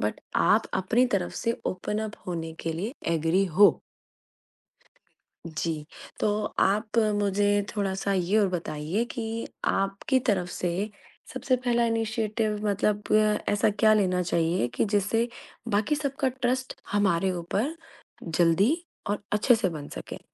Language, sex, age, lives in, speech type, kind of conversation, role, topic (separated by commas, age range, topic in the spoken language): Hindi, female, 35-39, India, conversation, podcast, host, पड़ोस में भरोसा कैसे बनाया जा सकता है?